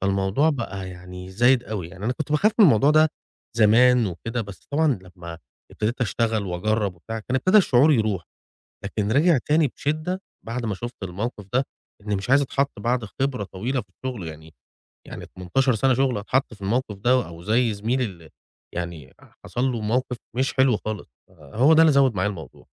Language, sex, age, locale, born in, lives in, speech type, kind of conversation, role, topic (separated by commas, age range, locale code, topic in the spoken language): Arabic, male, 35-39, ar-EG, Egypt, Egypt, conversation, advice, user, إزاي أبطل الخوف من الفشل وكلام الناس وأسكت صوتي الداخلي الناقد؟